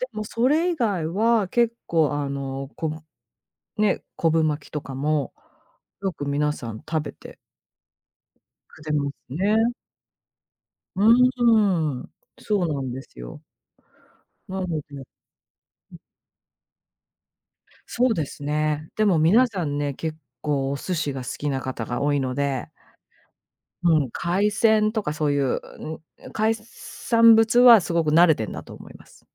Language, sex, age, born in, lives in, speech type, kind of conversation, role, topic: Japanese, female, 45-49, Japan, United States, conversation, podcast, guest, 親から受け継いだ習慣の中で、特に大切にしていることは何ですか？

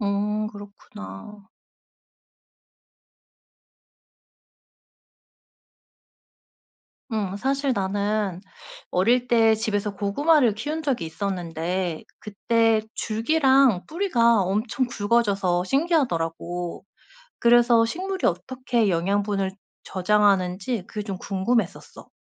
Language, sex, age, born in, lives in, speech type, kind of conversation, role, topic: Korean, female, 35-39, South Korea, South Korea, read, expert-assistant, asker, 식물의 광합성 과정은 어떻게 이루어지나요?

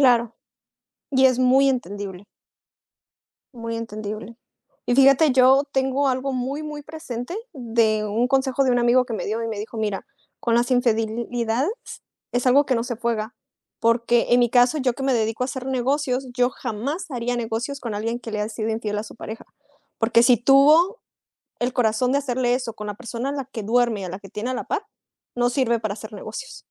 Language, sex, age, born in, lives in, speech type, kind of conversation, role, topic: Spanish, female, 25-29, Mexico, Mexico, conversation, unstructured, none, ¿Qué es lo que más valoras en una pareja?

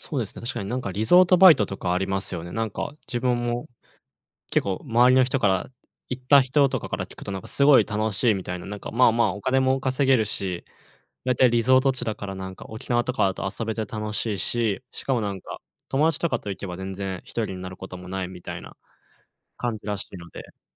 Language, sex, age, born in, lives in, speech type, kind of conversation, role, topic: Japanese, male, 20-24, Japan, Japan, conversation, advice, user, 収入が減って将来の生活が見通せず、不安を感じていますが、どうすればよいですか？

